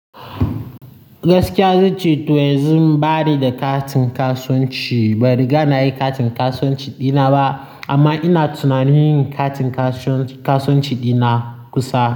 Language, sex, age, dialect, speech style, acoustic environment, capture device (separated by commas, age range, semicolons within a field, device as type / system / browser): Hausa, male, 18-24, Nigeria - Nigerian Standard, happy; nervous, people talking; traffic; construction, mobile / Linux / Chrome